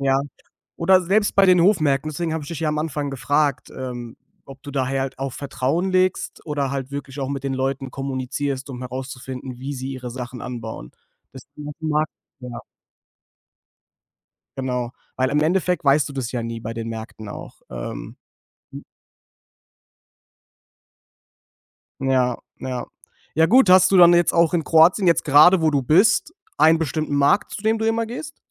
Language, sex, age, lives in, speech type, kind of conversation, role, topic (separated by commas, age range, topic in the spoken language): German, male, 25-29, Germany, conversation, podcast, host, Was bedeutet es für dich, regional und saisonal zu kochen?